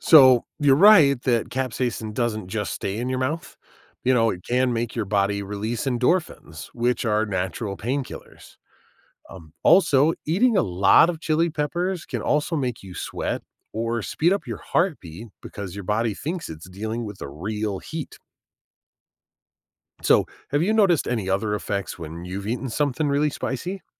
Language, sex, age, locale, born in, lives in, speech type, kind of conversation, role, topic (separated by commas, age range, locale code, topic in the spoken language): English, male, 40-44, en-US, United States, United States, read, expert-assistant, expert, What makes chili peppers taste spicy?